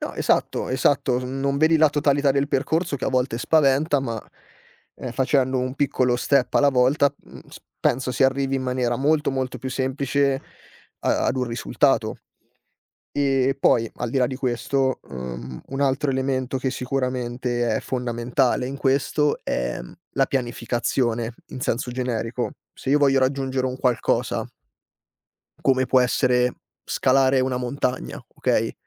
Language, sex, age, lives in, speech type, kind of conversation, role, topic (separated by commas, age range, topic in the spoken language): Italian, male, 25-29, Italy, conversation, podcast, guest, Come trasformi un’idea vaga in qualcosa di concreto?